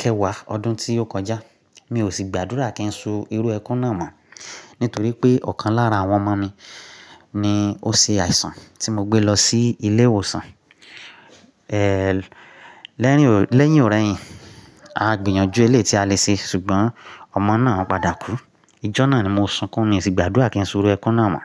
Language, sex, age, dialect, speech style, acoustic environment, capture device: Yoruba, male, 35-44, Nigeria - Nigerian Standard, relaxed; focused, silence, mobile / Linux / Chrome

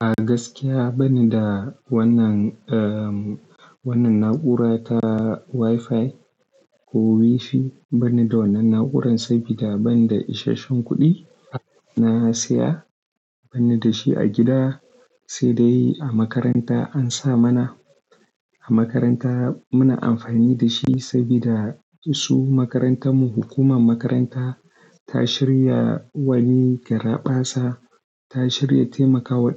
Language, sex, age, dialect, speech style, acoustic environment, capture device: Hausa, male, 18-24, Nigeria - Kano, excited; focused; relaxed; happy, nature, desktop / Linux / Chrome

